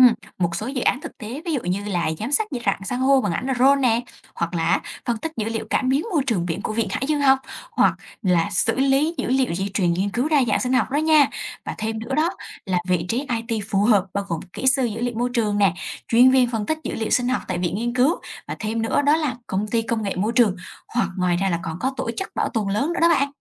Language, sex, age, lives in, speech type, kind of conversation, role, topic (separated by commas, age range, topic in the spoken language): Vietnamese, female, 20-24, Vietnam, read, expert-assistant, expert, Khi đứng trước nhiều lựa chọn, làm sao biết mình phù hợp với hướng nghiên cứu sinh học biển?